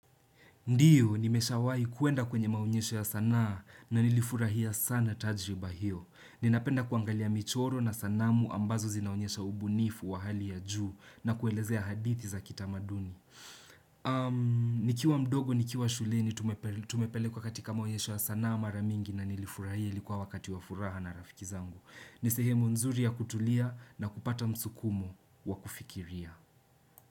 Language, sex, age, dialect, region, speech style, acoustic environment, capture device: Swahili, male, 18-24, Kenya - Nairobi Sheng-influenced, Kenya, relaxed; excited, silence, desktop / Windows / Chrome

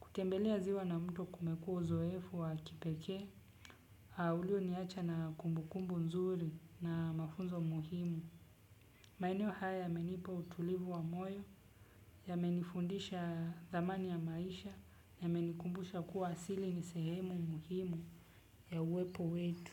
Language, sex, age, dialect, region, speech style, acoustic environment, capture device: Swahili, male, 25-34, Kenya - Nairobi Sheng-influenced, Kenya, relaxed, silence, desktop / Windows / Chrome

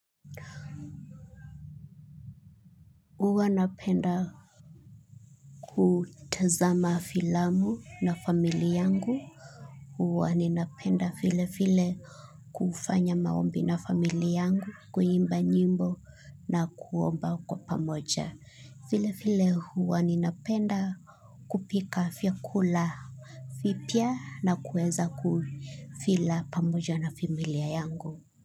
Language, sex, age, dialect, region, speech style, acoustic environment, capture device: Swahili, female, 25-34, Kenya - Mombasa, Kenya, relaxed, silence, mobile / Linux / Chrome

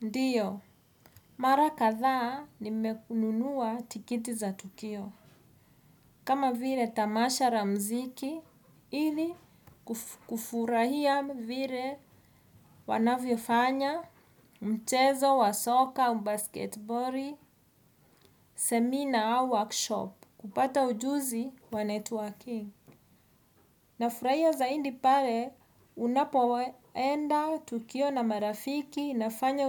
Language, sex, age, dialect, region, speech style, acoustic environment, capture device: Swahili, female, 35-44, Kenya - Nairobi Sheng-influenced, Kenya, anxious, music, desktop / Windows / Edge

